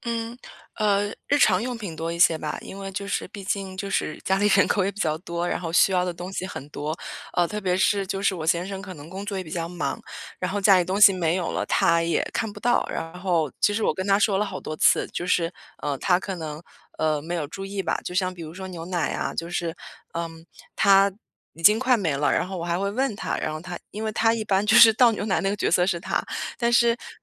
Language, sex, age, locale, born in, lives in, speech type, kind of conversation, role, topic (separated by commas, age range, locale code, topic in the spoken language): Chinese, female, 35-39, zh-CN, China, United States, conversation, advice, user, 购物时总是难以做出决定怎么办？